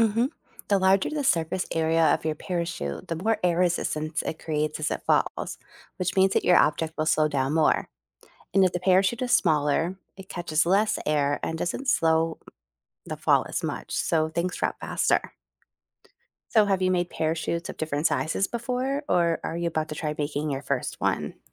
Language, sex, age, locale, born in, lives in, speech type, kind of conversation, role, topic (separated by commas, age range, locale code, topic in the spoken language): English, female, 40-44, en-US, United States, United States, read, expert-assistant, expert, How do parachutes slow down falling objects, and how can you make one?